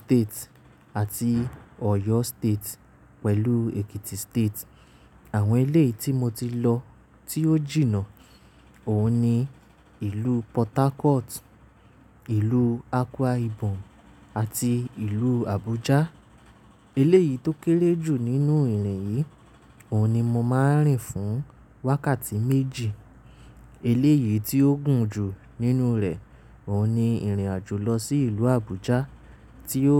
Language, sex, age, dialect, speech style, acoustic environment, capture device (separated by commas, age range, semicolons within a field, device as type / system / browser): Yoruba, male, 25-34, Nigeria - Nigerian Standard, relaxed; nervous; focused, appliances; silence, mobile / Linux / Chrome